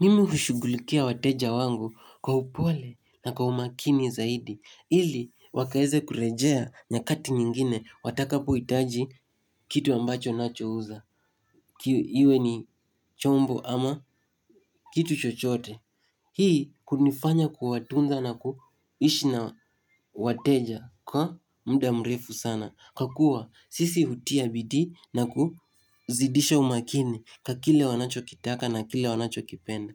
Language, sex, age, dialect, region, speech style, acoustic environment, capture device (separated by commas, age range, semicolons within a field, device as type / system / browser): Swahili, male, 18-24, Kenya - Nairobi Sheng-influenced, Kenya, happy, nature; silence, mobile / Linux / Chrome